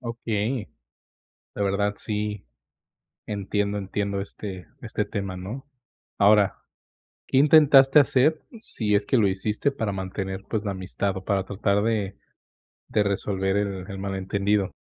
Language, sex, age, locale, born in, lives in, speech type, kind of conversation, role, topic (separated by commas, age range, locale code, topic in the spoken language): Spanish, male, 20-24, es-MX, Mexico, Mexico, conversation, advice, advisor, ¿Cómo puedo aceptar que me distancié de un amigo?